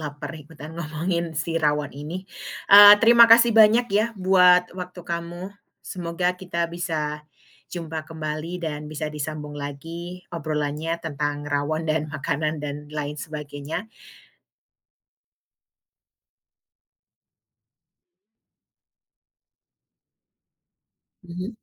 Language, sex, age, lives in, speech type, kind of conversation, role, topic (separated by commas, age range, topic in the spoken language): Indonesian, female, 35-39, United States, conversation, podcast, host, Rasa atau aroma apa yang langsung membuat kamu merasa seperti pulang ke rumah?